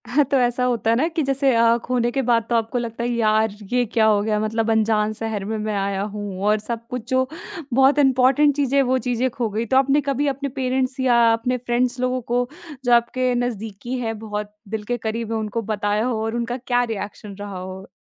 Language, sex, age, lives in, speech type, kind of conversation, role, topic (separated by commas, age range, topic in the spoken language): Hindi, female, 20-24, India, conversation, podcast, host, पासपोर्ट या पर्स खो जाने पर आपने स्थिति कैसे संभाली?